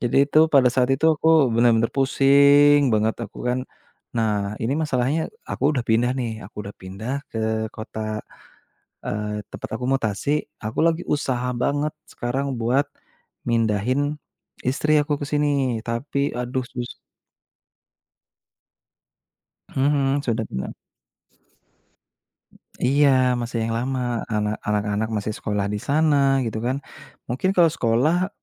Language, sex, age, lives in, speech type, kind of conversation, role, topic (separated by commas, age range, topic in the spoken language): Indonesian, male, 30-34, Indonesia, conversation, podcast, guest, Pernah nggak kamu merasa mentok, lalu gimana caramu keluar dari situasi itu?